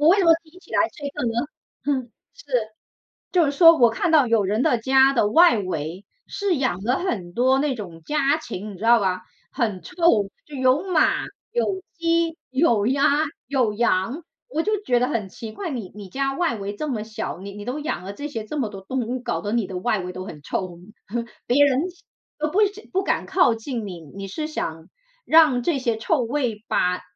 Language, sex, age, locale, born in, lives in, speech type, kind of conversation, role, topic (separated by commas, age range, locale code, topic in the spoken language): Chinese, female, 40-44, zh-CN, China, United States, conversation, unstructured, none, 你喜欢用什么方式来表达自己的个性？